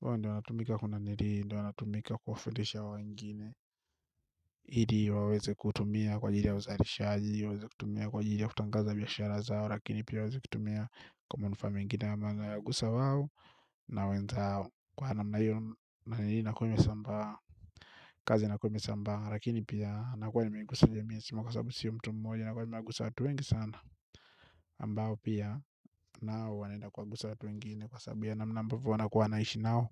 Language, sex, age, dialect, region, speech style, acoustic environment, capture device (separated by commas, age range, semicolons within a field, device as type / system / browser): Swahili, male, 25-34, Tanzania - Dar es Salaam, Tanzania, relaxed, nature, mobile / Linux / Chrome